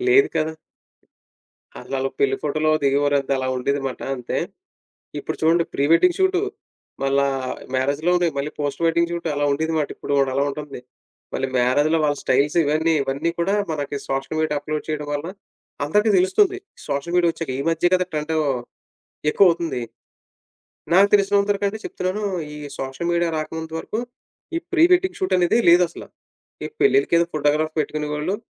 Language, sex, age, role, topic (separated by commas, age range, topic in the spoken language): Telugu, male, 30-34, guest, సోషల్ మీడియాలో ఫ్యాషన్ ప్రభావాన్ని మీరు ఎలా చూస్తారు?